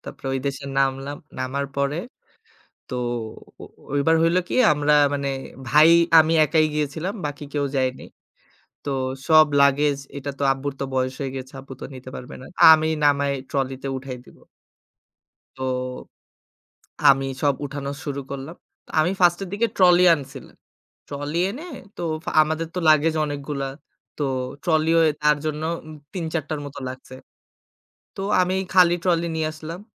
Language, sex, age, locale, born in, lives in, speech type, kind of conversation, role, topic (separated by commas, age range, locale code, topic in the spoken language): Bengali, male, 25-29, bn-BD, Bangladesh, Bangladesh, conversation, unstructured, none, ভ্রমণে গিয়ে আপনি সবচেয়ে অদ্ভুত কোন ঘটনা দেখেছেন?